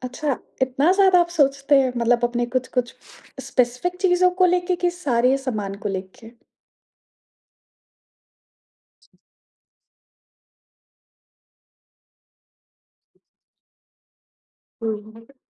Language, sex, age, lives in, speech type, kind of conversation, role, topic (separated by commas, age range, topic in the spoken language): Hindi, female, 30-34, India, conversation, podcast, host, Travel ke dauran kabhi tumhara luggage kho gaya tha, phir kya hua aur woh kaise mila?